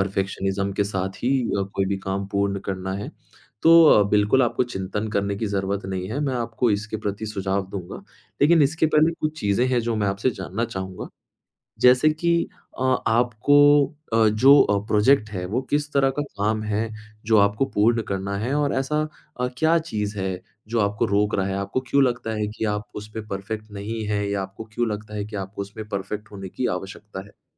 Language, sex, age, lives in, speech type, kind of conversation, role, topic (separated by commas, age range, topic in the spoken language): Hindi, male, 25-29, India, conversation, advice, advisor, क्या पूर्णता की चाह के कारण आप शुरुआत में अटक जाते हैं?